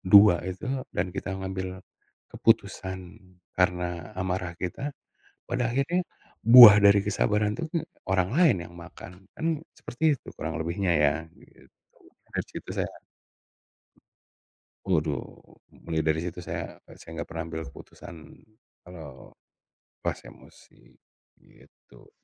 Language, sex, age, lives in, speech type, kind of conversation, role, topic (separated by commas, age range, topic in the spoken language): Indonesian, male, 40-44, Indonesia, conversation, podcast, guest, Bagaimana cara menjaga emosi saat harus bersikap tegas dalam situasi yang memanas?